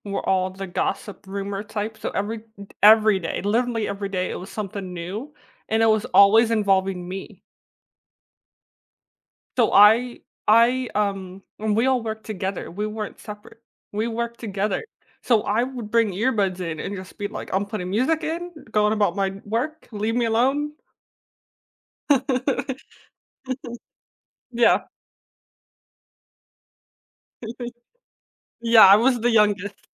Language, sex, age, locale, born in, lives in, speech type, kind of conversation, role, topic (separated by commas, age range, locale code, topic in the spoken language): English, female, 20-24, en-US, United States, United States, conversation, podcast, host, What strategies help you stay resilient and balanced during challenging times at work?